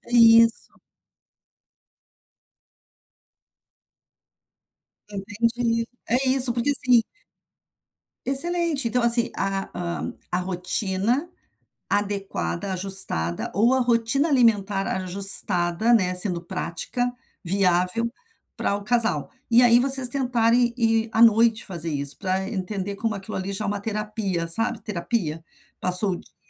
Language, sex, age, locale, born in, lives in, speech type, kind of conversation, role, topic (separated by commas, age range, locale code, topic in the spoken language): Portuguese, female, 55-59, pt-BR, Brazil, United States, conversation, advice, advisor, Como equilibrar a praticidade dos alimentos processados com a saúde no dia a dia?